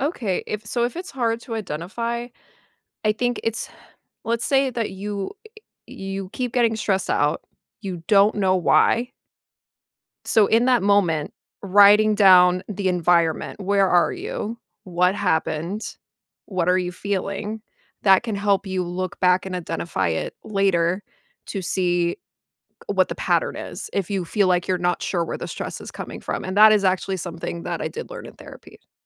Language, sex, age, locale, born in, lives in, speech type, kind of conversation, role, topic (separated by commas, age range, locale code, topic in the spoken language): English, female, 30-34, en-US, United States, United States, conversation, podcast, guest, How can we make stress management a natural part of our everyday routines?